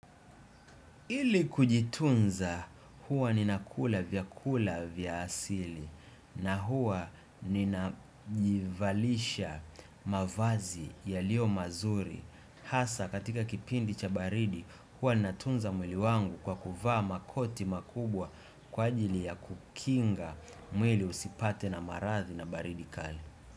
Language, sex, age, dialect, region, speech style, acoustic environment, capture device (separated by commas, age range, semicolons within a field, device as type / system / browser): Swahili, male, 25-34, Tanzania - Dar es Salaam, Tanzania, relaxed, nature, desktop / Windows / Chrome